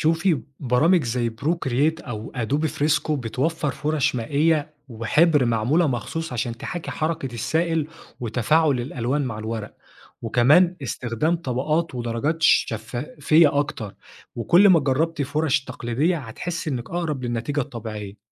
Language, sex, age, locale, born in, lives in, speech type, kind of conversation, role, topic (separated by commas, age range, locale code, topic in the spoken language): Arabic, male, 25-29, ar-EG, Egypt, Egypt, read, expert-assistant, expert, إيه مميزات وعيوب اللوحات الرقمية (digital art) مقارنة بالرسم التقليدي؟